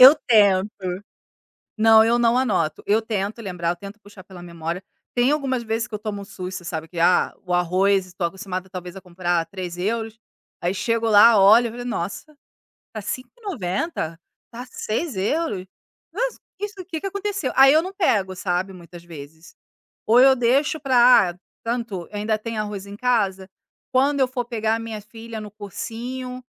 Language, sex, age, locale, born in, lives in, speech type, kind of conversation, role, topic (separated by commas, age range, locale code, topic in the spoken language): Portuguese, female, 40-44, pt-BR, Brazil, Italy, conversation, podcast, guest, Qual é o seu segredo para economizar nas compras do mercado?